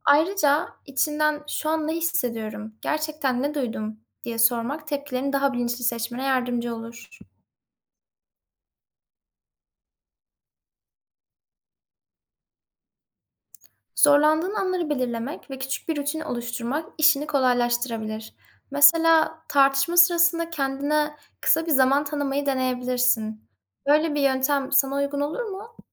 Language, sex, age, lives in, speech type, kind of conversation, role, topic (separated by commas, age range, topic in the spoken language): Turkish, female, 20-24, Netherlands, read, expert-assistant, expert, Tartışma anında duyguları ve iletişimi sağlıklı yönetmek mümkün mü?